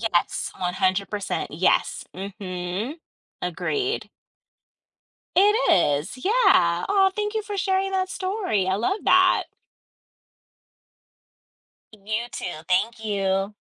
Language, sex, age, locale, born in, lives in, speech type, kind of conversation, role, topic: English, female, 40-44, en-US, United States, United States, conversation, unstructured, none, How have your childhood experiences with loved ones influenced who you are today?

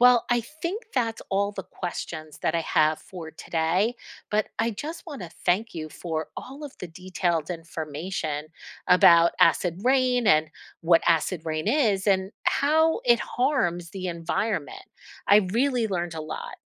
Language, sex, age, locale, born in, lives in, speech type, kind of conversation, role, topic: English, female, 50-54, en-US, United States, United States, read, expert-assistant, asker, What is acid rain and why is it harmful?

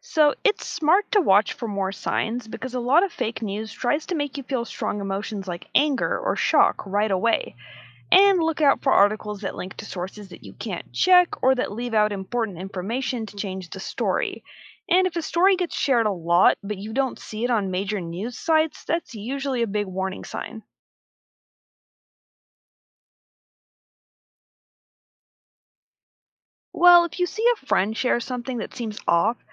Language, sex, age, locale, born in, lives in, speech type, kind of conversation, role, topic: English, female, 25-29, en-US, United States, United States, read, expert-assistant, expert, How can you spot misinformation or fake news online?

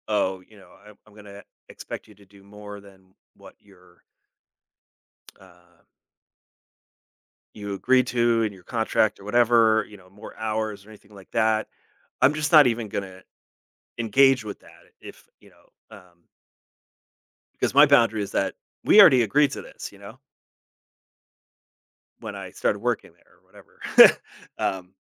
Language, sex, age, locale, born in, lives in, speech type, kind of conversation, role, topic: English, male, 40-44, en-US, United States, United States, conversation, podcast, host, What habits or boundaries help you maintain a healthy balance between work and personal life?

